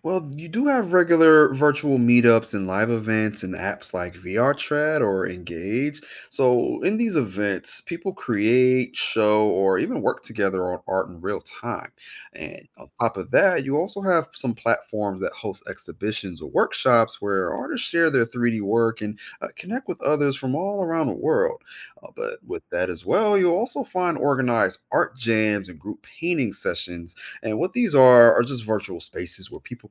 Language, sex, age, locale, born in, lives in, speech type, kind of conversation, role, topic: English, male, 30-34, en-US, United States, United States, read, expert-assistant, expert, What is virtual reality and how does it work?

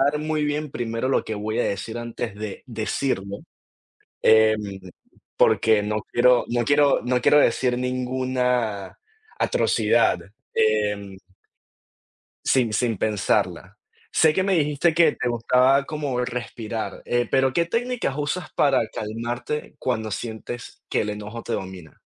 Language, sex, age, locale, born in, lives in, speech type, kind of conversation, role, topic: Spanish, male, 20-24, es-VE, Venezuela, United States, conversation, unstructured, none, ¿Cómo manejas una discusión cuando estás muy enojado?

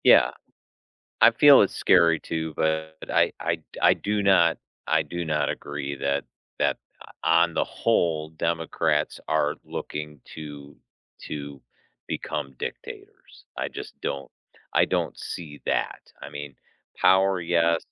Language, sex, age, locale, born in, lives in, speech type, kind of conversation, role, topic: English, male, 55-59, en-US, United States, United States, conversation, unstructured, none, What recent news story made you feel hopeful?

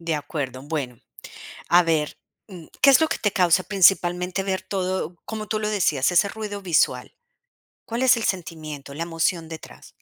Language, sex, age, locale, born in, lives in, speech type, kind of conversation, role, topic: Spanish, female, 45-49, es-CO, Colombia, United States, conversation, advice, advisor, ¿Cómo puedo empezar a vivir con menos y con más intención?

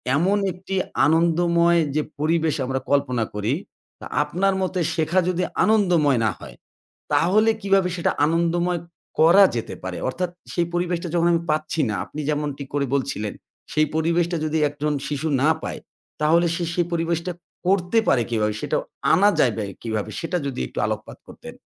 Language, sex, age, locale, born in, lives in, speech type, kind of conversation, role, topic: Bengali, male, 40-44, bn-BD, Bangladesh, Bangladesh, conversation, podcast, host, শেখার সবচেয়ে মজার স্মৃতিটা কী?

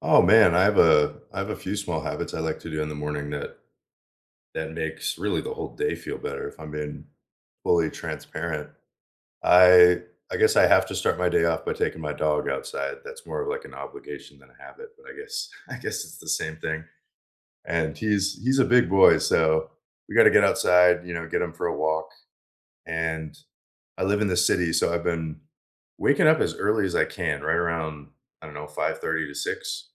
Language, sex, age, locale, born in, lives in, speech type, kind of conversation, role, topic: English, male, 25-29, en-US, United States, United States, conversation, unstructured, none, What small habits make your mornings feel better?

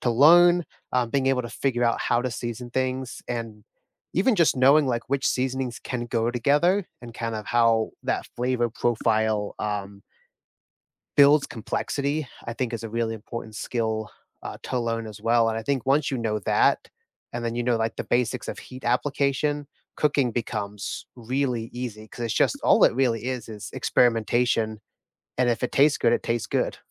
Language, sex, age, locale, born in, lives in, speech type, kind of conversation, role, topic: English, male, 25-29, en-US, United States, United States, conversation, unstructured, none, What is one skill you want to learn soon?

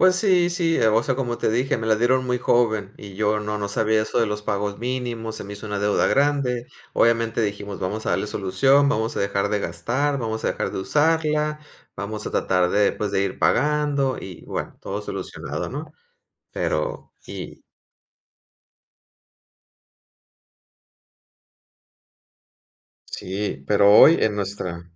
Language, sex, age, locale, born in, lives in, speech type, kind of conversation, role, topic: Spanish, male, 40-44, es-MX, Mexico, Mexico, conversation, unstructured, none, ¿Qué opinas sobre el endeudamiento con tarjetas de crédito?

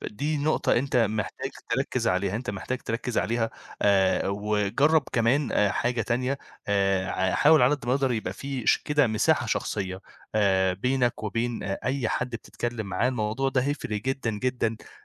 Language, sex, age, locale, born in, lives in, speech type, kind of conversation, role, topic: Arabic, male, 25-29, ar-EG, Egypt, Egypt, conversation, advice, advisor, إزاي أخبي جزء من هويتي عن أهلي أو صحابي؟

